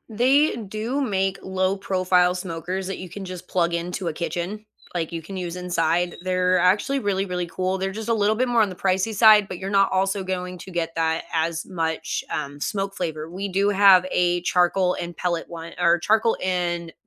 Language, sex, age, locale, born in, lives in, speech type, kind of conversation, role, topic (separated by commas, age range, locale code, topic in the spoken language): English, female, 30-34, en-US, United States, United States, conversation, unstructured, none, What snacks and rituals do you consider essential for a great movie night?